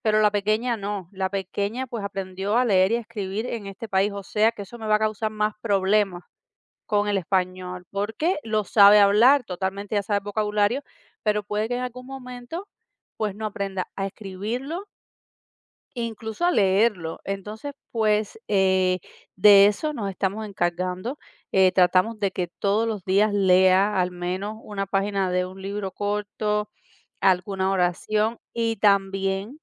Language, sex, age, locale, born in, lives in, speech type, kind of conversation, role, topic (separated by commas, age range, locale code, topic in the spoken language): Spanish, female, 40-44, es-CU, Cuba, United States, conversation, podcast, guest, ¿Cómo mantienes vivo el idioma de tu familia?